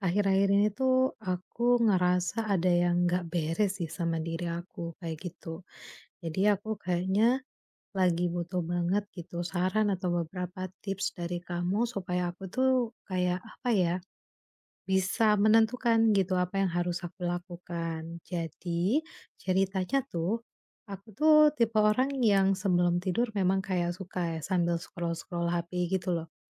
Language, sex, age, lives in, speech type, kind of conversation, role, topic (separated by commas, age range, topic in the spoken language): Indonesian, female, 30-34, Indonesia, conversation, advice, user, Bagaimana cara berhenti menatap layar sebelum tidur?